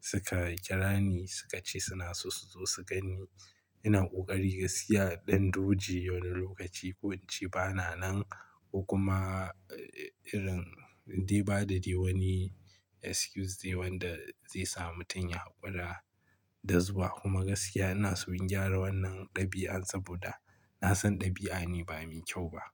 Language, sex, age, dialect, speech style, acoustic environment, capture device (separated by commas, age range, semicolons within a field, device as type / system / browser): Hausa, male, 25-34, Nigeria - Kano, relaxed, silence, mobile / Linux / Chrome